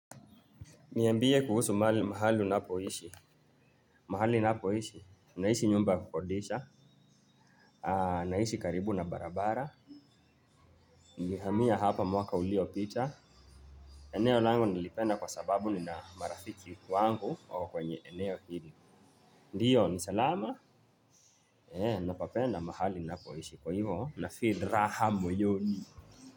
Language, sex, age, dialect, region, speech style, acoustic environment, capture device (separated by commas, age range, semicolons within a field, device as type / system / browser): Swahili, male, 25-34, Kenya - Nairobi Sheng-influenced, Kenya, relaxed; focused, nature, mobile / Linux / Chrome